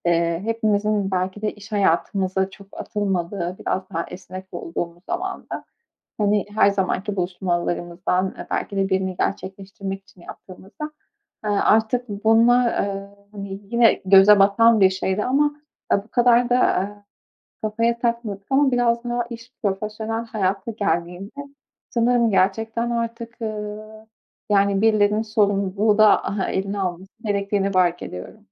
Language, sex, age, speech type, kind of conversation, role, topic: Turkish, female, 25-29, conversation, advice, user, Arkadaşımın randevuları sürekli iptal etmesi beni hayal kırıklığına uğratıyorsa ne yapmalıyım?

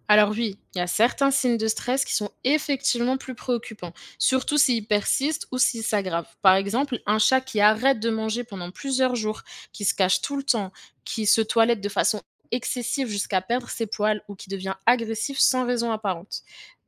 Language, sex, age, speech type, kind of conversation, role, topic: French, female, 25-29, read, expert-assistant, expert, Quel animal de compagnie choisir quand on vit en ville avec un emploi du temps chargé ?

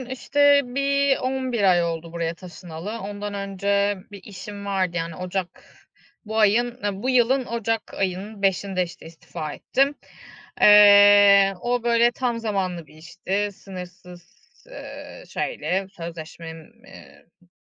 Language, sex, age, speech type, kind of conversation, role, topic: Turkish, female, 35-39, conversation, advice, user, İş kaybından sonra yeni bir kariyer ararken kimliğimi nasıl yeniden tanımlayabilirim?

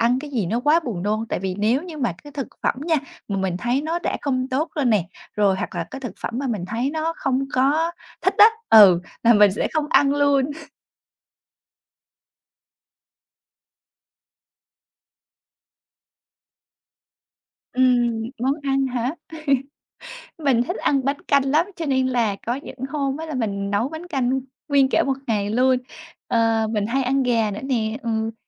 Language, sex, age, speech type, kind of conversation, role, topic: Vietnamese, female, 25-29, conversation, advice, user, Làm sao để nhận biết tín hiệu đói và no của cơ thể tôi?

